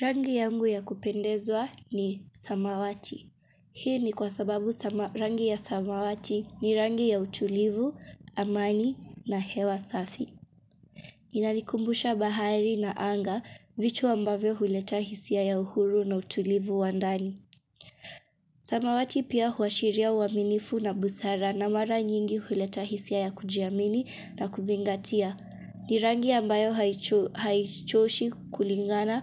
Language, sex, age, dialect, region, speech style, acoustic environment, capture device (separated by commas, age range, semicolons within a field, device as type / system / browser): Swahili, female, 25-34, Kenya - Mombasa, Kenya, focused, silence, mobile / Linux / Chrome